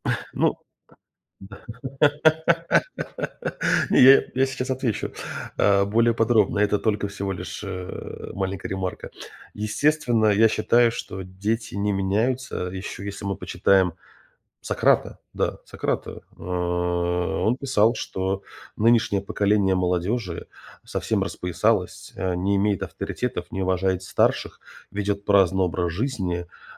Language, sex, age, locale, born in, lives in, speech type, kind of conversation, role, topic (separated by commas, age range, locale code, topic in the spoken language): Russian, male, 35-39, ru-RU, Russia, United States, conversation, podcast, guest, Как школа может лучше готовить подростков к взрослой жизни?